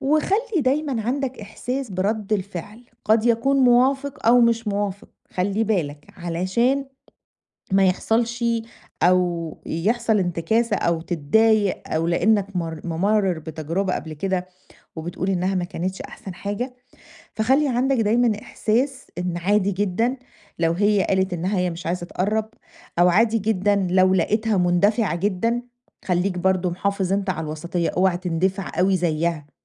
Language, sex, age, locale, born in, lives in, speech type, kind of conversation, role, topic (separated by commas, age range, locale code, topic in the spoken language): Arabic, female, 40-44, ar-EG, Egypt, Greece, conversation, advice, advisor, إزاي أبدأ تواصل فعّال مع شخص جديد في بداية تعارف عاطفي من غير إحراج؟